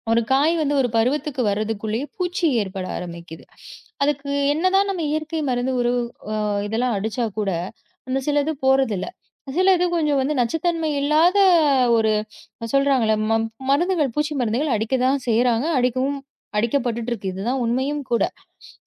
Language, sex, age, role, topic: Tamil, female, 30-34, guest, சீசனில் கிடைக்கும் காய்கறிகளை நீங்கள் எப்படித் தேர்ந்தெடுக்கிறீர்கள்?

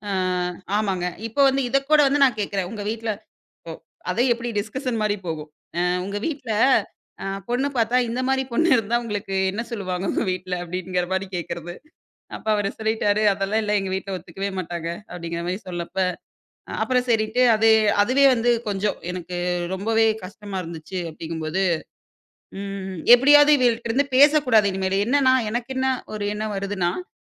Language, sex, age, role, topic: Tamil, female, 25-29, guest, மறுப்பு வந்த பிறகு அதை ஏற்றுக்கொண்டு முன்னேற உதவும் நடைமுறை வழிகள் என்னென்ன?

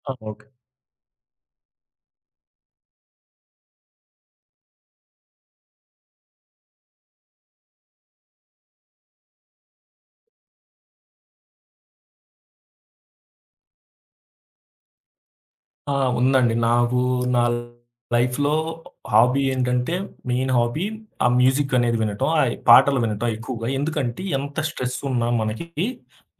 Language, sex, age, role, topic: Telugu, male, 25-29, guest, ఒక హాబీ ద్వారా మీరు ఏమి నేర్చుకున్నారు?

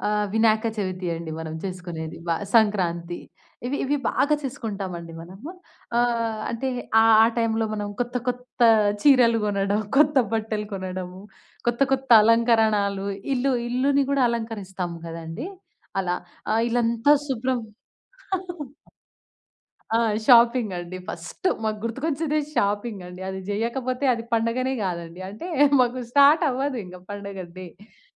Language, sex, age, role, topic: Telugu, female, 20-24, guest, పండుగ వాతావరణం మిమ్మల్ని ఎలా ఉత్సాహపరుస్తుంది?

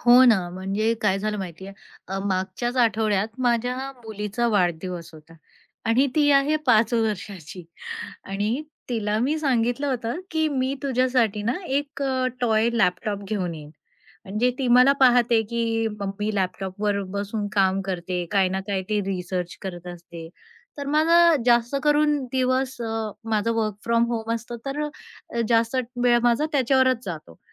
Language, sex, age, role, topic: Marathi, female, 45-49, guest, चुकीचे वचन दिल्यावर विश्वास परत मिळवायला तू काय करतोस?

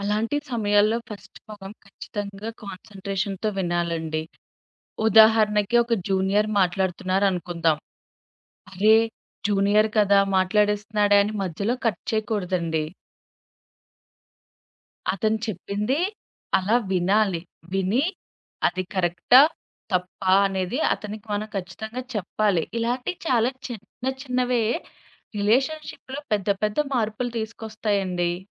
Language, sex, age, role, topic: Telugu, female, 25-29, guest, మంచిగా వినే అలవాటు ఎలా ఏర్పరుచుకోవాలి?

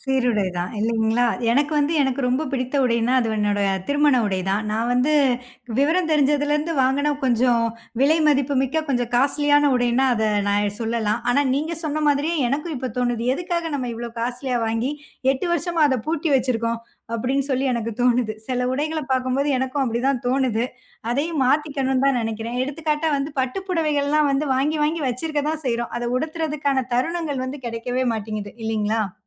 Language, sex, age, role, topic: Tamil, female, 30-34, host, ஒரு முக்கியமான சந்திப்புக்கு நீங்கள் எப்படி ஆடைத் தேர்வு செய்வீர்கள்?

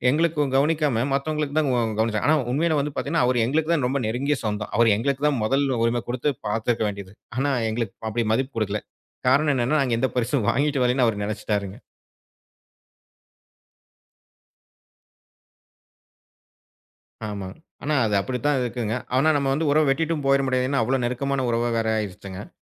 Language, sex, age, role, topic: Tamil, male, 35-39, guest, என்னென்ன பழக்கங்கள் உங்களுக்கு சுயஉணர்வை மேம்படுத்த உதவுகின்றன?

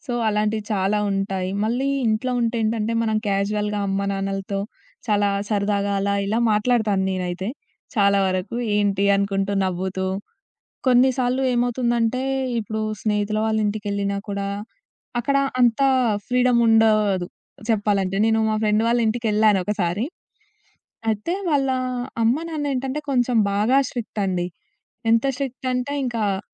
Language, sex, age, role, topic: Telugu, female, 20-24, guest, నీ ఇల్లు నిజంగా ‘ఇల్లు’ అనిపించడానికి నీకు అత్యంత ముఖ్యమైన విషయం ఏమిటి?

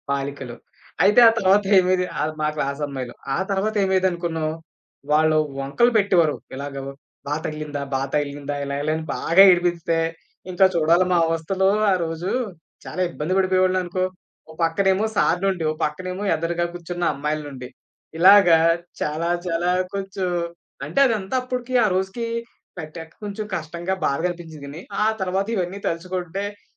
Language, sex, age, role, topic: Telugu, male, 30-34, guest, మీకు పాఠశాల రోజుల నుంచి ఇప్పటికీ స్పష్టంగా గుర్తుండిపోయిన జ్ఞాపకం ఏది?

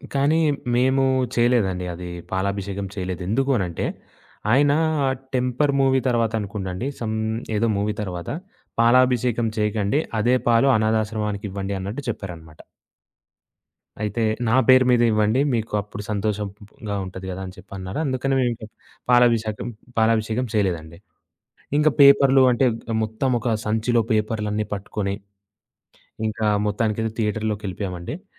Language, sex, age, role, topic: Telugu, male, 20-24, guest, సమూహంగా చూసిన సినిమా లేదా పాట మీపై ఎలాంటి ప్రభావం చూపింది?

